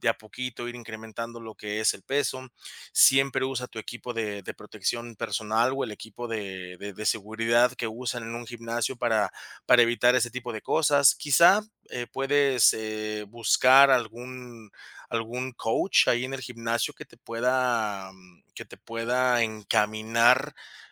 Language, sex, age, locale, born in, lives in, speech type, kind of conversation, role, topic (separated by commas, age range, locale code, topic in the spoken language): Spanish, male, 30-34, es-MX, Mexico, Mexico, conversation, advice, advisor, ¿Qué tanto te preocupa volver a lesionarte después de una lesión previa?